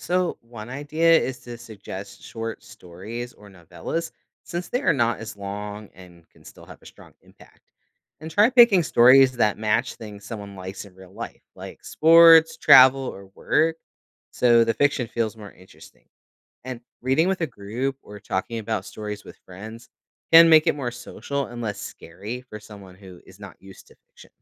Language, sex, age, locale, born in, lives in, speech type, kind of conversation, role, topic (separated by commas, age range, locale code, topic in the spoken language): English, male, 35-39, en-US, United States, United States, read, expert-assistant, expert, How does reading fiction enhance empathy?